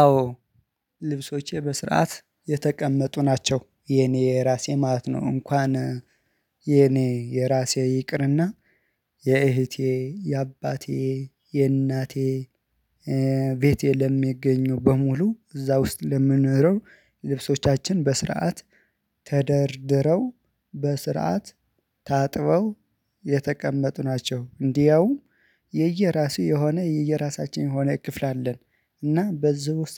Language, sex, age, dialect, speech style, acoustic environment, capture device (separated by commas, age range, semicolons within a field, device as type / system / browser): Amharic, male, 25-34, Ethiopia - Addis Ababa, focused; excited, silence, mobile / Linux / Chrome